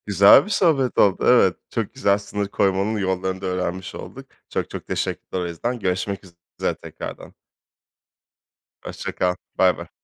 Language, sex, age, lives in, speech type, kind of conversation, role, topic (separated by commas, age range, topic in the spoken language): Turkish, male, 30-34, France, conversation, podcast, host, Sosyal medyada sınır koymanın pratik yolları neler?